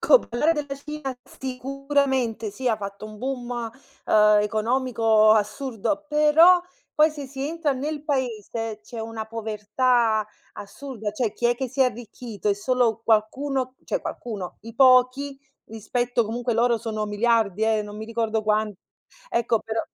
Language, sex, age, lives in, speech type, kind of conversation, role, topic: Italian, female, 30-34, Italy, conversation, unstructured, none, Secondo te, la ricchezza è distribuita in modo equo?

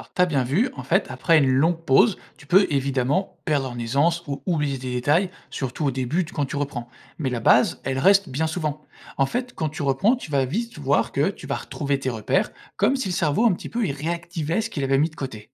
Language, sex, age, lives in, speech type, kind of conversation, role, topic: French, male, 25-29, France, read, expert-assistant, expert, Pourquoi certaines personnes semblent apprendre des langues plus vite que d’autres ?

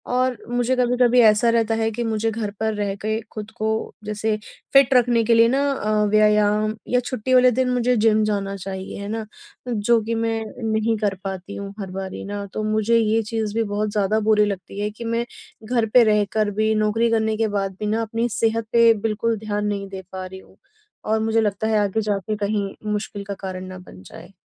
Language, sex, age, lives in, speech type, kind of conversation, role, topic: Hindi, female, 30-34, India, conversation, advice, user, घर पर आराम करने और तनाव कम करने के लिए मैं क्या करूँ?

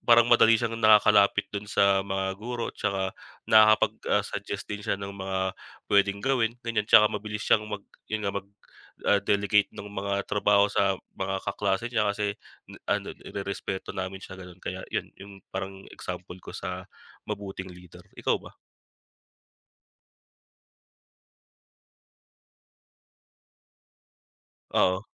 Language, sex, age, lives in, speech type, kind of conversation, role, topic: Filipino, male, 25-29, Philippines, conversation, unstructured, none, Ano ang mga katangian ng isang mabuting lider?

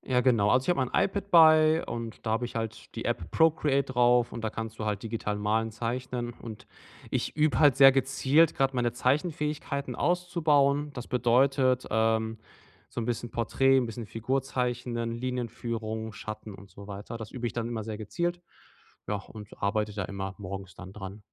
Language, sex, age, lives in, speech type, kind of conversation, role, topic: German, male, 30-34, Germany, conversation, advice, user, Wie kann ich morgens genug Energie haben, um den ganzen Tag über leistungsfähig zu bleiben?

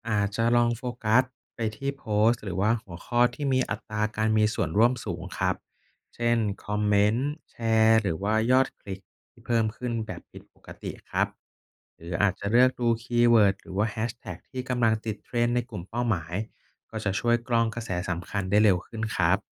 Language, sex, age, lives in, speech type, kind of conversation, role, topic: Thai, male, 30-34, Thailand, read, expert-assistant, expert, คุณมีวิธีหาข้อมูลเกี่ยวกับลูกค้าหรือเทรนด์ใหม่ ๆ ยังไงเวลาวางแผนการตลาด?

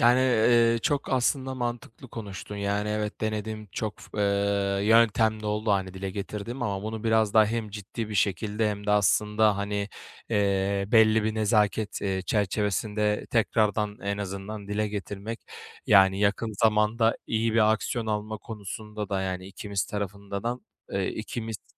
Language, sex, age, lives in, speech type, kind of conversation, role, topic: Turkish, male, 25-29, Bulgaria, conversation, advice, user, Para ya da borç yüzünden bir arkadaşınla aranda gerginlik yaşadıysan bunu nasıl çözebilirsin?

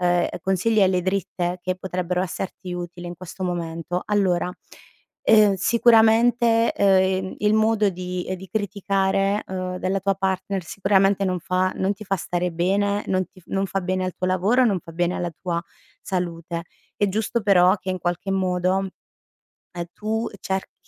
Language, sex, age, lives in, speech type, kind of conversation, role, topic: Italian, female, 30-34, Italy, conversation, advice, advisor, Come reagisci emotivamente quando il tuo partner critica le tue scelte?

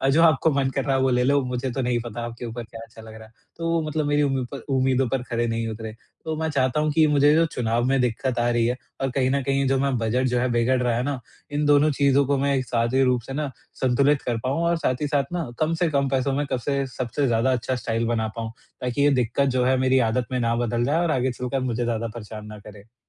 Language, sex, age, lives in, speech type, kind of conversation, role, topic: Hindi, male, 45-49, India, conversation, advice, user, सीमित बजट में स्टाइलिश कपड़े कैसे ढूँढूँ और चुनूँ?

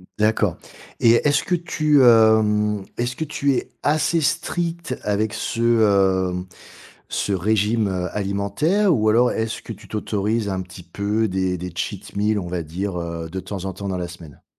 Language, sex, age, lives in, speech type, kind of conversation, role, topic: French, male, 45-49, France, conversation, advice, advisor, Comment retrouver la motivation après plusieurs tentatives infructueuses ?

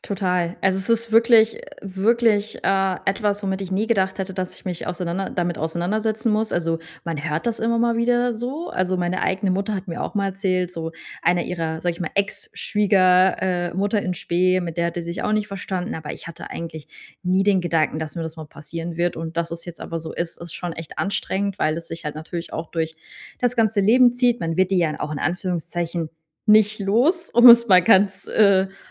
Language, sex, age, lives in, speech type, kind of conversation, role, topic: German, female, 30-34, Germany, conversation, advice, user, Wie kann ich in wiederkehrenden Familienkonflikten klare Grenzen setzen?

